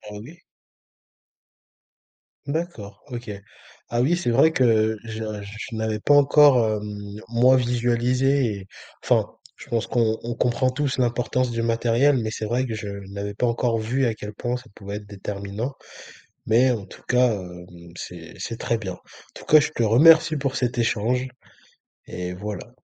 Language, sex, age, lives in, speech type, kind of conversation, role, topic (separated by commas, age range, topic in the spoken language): French, male, 20-24, France, conversation, podcast, host, Tu fais quoi pour éviter de procrastiner à la maison ?